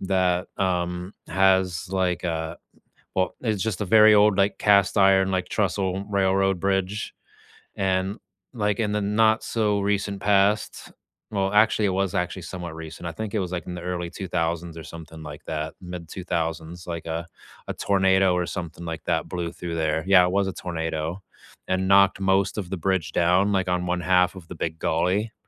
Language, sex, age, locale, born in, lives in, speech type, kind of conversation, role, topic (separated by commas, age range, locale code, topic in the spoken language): English, male, 30-34, en-US, United States, United States, conversation, unstructured, none, Where would you go for a spontaneous day trip, and what makes it your perfect quick escape?